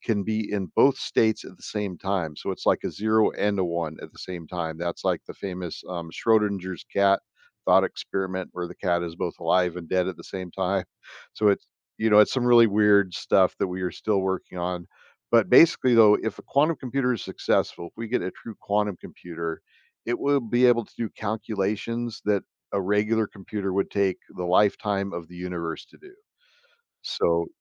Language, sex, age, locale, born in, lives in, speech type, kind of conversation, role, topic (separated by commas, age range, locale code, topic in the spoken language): English, male, 55-59, en-US, United States, United States, conversation, podcast, guest, How might advances in technology change the way we work and the kinds of jobs available in the future?